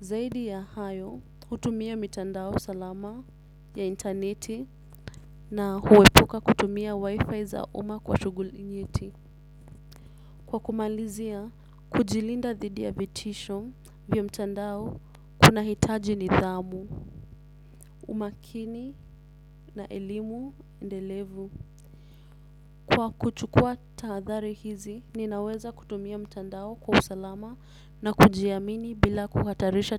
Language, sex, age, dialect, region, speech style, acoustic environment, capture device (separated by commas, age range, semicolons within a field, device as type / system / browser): Swahili, female, 18-24, Kenya - Nairobi Sheng-influenced, Kenya, tired, nature; music, desktop / Windows / Chrome